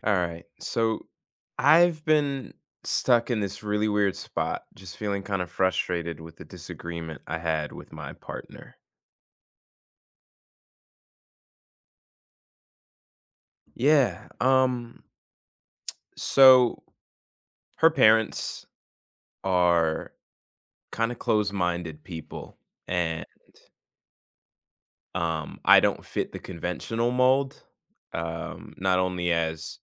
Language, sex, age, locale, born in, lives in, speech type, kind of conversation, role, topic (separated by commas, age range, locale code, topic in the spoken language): English, male, 20-24, en-US, United States, United States, conversation, advice, user, How can I talk through a recent disagreement with my partner and reach an understanding?